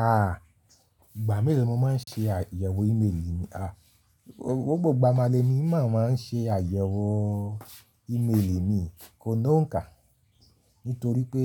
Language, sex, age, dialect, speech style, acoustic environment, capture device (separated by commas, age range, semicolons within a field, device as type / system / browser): Yoruba, male, 35-44, Nigeria - Lagos Yoruba, happy, people talking; nature; appliances, mobile / Linux / Chrome